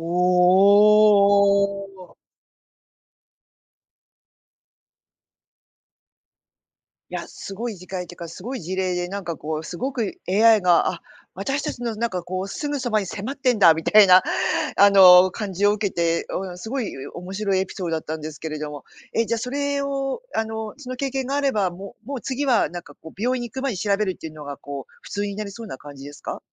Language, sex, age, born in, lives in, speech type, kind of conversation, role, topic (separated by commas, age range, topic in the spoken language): Japanese, female, 50-54, Japan, Japan, conversation, podcast, host, 今後、AIは私たちの日常生活をどのように変えると思いますか？